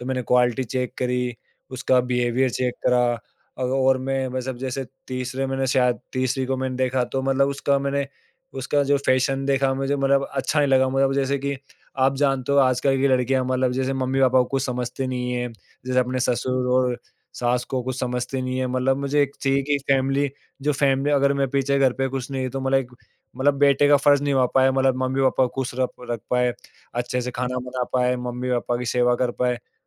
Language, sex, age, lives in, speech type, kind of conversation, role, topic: Hindi, male, 18-19, India, conversation, podcast, guest, Shaadi ka faisla kab aur kaise lena chahiye?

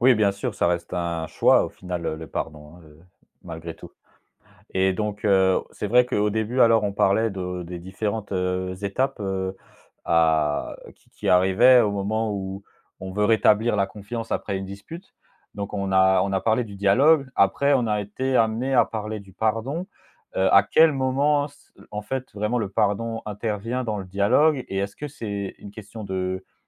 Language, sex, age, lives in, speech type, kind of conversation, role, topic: French, male, 25-29, France, conversation, podcast, host, Comment répares-tu la confiance après une dispute ?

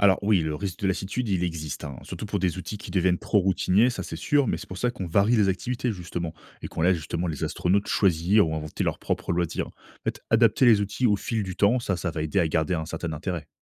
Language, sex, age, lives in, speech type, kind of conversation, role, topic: French, male, 30-34, France, read, expert-assistant, expert, Comment pourrions-nous rendre un long voyage vers Mars plus confortable et sûr pour les astronautes ?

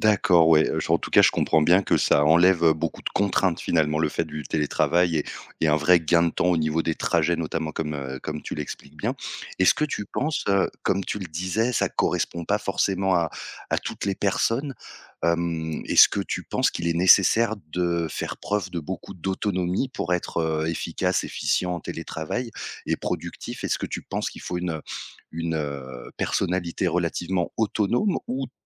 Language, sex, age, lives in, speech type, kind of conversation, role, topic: French, male, 30-34, France, conversation, podcast, host, Comment gardes-tu l’équilibre entre ta vie professionnelle et ta vie personnelle ?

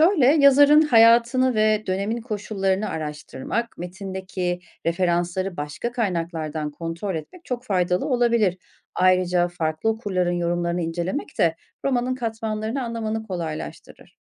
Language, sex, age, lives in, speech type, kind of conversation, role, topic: Turkish, female, 40-44, Germany, read, expert-assistant, expert, Çağdaş romanları okumayı daha keyifli ve anlamlı hale getirmek için hangi yöntemleri deneyebilirim?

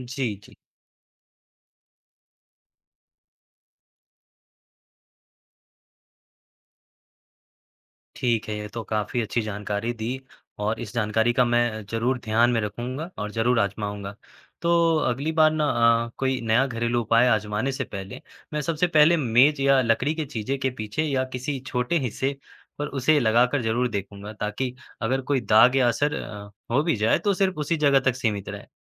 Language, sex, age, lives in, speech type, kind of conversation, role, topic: Hindi, male, 20-24, India, read, expert-assistant, asker, ऑनलाइन मिलने वाली घरेलू सफाई के नुस्खों की सच्चाई कैसे जांचें?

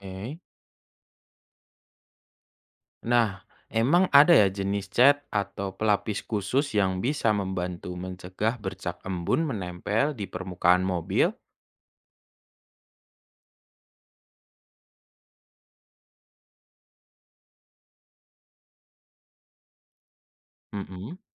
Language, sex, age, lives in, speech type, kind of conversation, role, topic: Indonesian, male, 25-29, Indonesia, read, expert-assistant, asker, Bagaimana proses terjadinya embun di pagi hari?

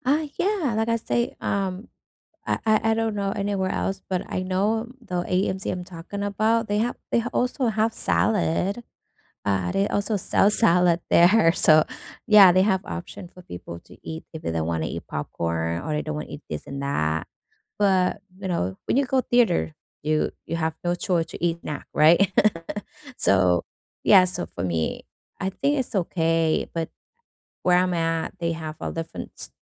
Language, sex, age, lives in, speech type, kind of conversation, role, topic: English, female, 35-39, United States, conversation, unstructured, none, What are your favorite theater snack combinations for movies or live shows?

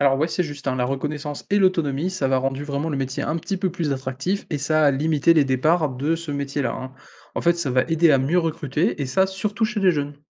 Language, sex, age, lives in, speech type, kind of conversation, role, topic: French, male, 20-24, France, read, expert-assistant, expert, Pourquoi le métier d’infirmière est-il perçu différemment selon les pays ?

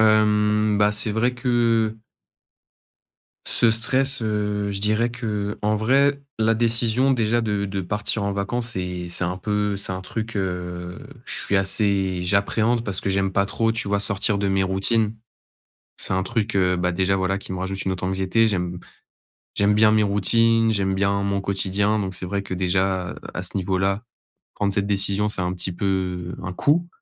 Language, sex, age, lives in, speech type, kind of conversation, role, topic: French, male, 20-24, France, conversation, advice, user, Comment gérer mon anxiété avant et pendant un voyage ?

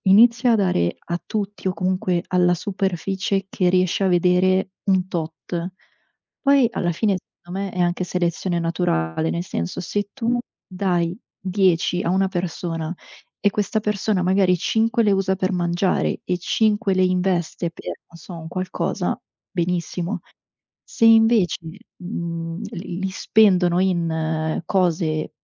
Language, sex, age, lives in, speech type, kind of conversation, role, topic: Italian, female, 25-29, Italy, conversation, unstructured, none, Come pensi che la povertà influenzi la vita delle persone intorno a te?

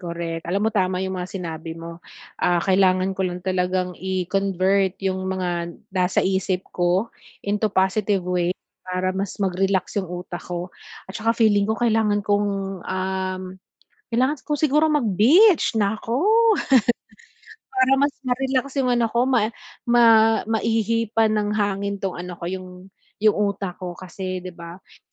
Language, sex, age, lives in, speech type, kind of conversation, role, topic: Filipino, female, 40-44, Philippines, conversation, advice, user, Paano ko mapananatili ang kalusugang pangkaisipan habang nagpapahinga?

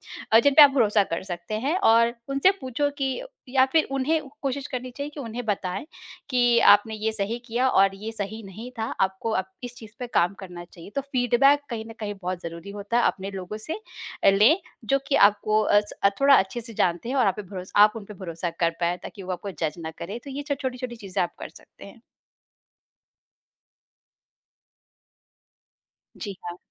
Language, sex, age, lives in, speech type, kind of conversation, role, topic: Hindi, female, 35-39, India, conversation, podcast, guest, आप अपने दिन की सराहना कब और कैसे करते हैं?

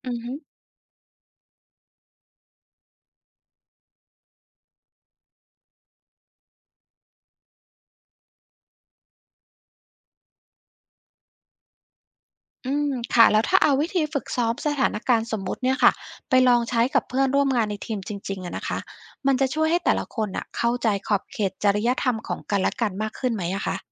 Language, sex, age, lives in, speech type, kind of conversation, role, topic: Thai, female, 45-49, United States, read, expert-assistant, asker, จะเกิดอะไรขึ้นถ้าความซื่อสัตย์กับหน้าที่การงานในสายประชาสัมพันธ์ขัดแย้งกัน?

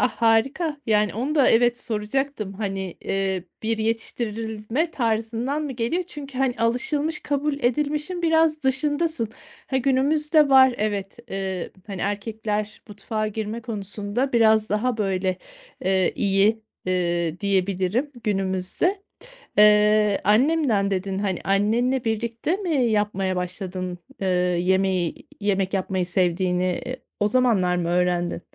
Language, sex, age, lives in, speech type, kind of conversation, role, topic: Turkish, female, 40-44, Spain, conversation, podcast, host, Yemek pişirirken hem pratik hem de sağlıklı kalmak için hangi taktikleri uyguluyorsun?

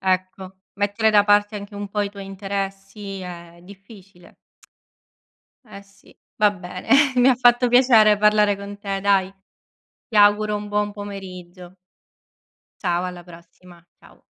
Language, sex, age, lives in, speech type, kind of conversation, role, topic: Italian, female, 30-34, Italy, conversation, unstructured, none, Che cosa ti preoccupa di più dell’educazione oggi?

